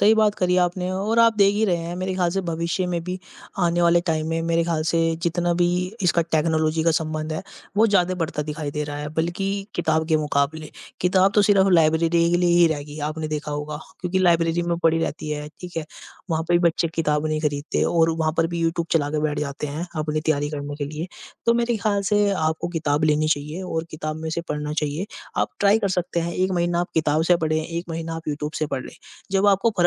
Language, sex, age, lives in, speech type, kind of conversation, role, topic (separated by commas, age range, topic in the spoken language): Hindi, male, 50-54, India, conversation, unstructured, none, इंटरनेट ने पढ़ाई के तरीके में क्या बदलाव लाया है?